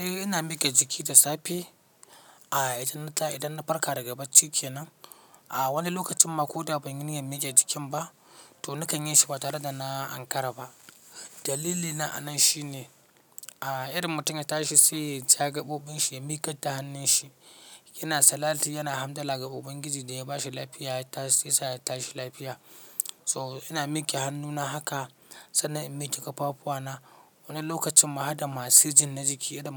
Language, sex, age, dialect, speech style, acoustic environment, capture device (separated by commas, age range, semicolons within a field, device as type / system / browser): Hausa, male, 25-34, Nigeria - Katsina, focused, silence, mobile / Linux / Chrome